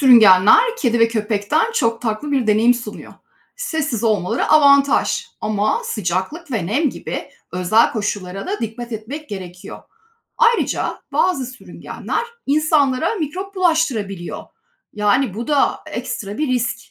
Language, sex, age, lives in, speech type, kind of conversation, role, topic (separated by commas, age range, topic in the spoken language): Turkish, female, 45-49, Spain, read, expert-assistant, expert, Sürüngen veya egzotik hayvan sahiplenmenin zorlukları ve dikkat edilmesi gerekenler nelerdir?